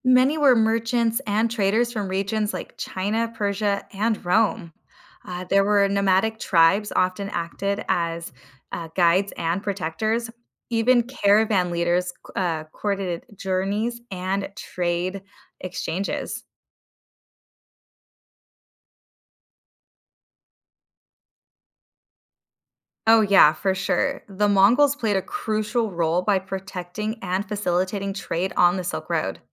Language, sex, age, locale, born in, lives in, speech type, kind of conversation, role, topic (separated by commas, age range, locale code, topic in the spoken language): English, female, 30-34, en-US, United States, United States, read, expert-assistant, expert, Explain how the Silk Road shaped trade and culture.